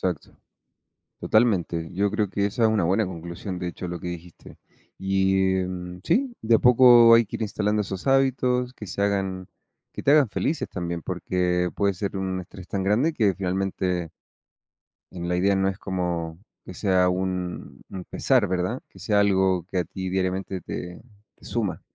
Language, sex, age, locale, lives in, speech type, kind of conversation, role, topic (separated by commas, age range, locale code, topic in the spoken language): Spanish, male, 30-34, es-CL, Mexico, conversation, unstructured, none, ¿Qué hábito diario te hace sentir más feliz?